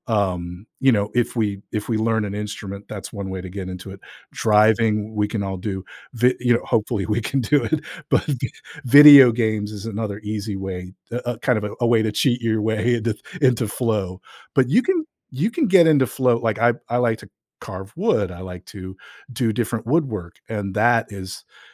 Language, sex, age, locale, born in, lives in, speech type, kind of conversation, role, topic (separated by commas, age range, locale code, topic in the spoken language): English, male, 50-54, en-US, United States, United States, conversation, podcast, guest, How does creative expression shape your life and personal growth?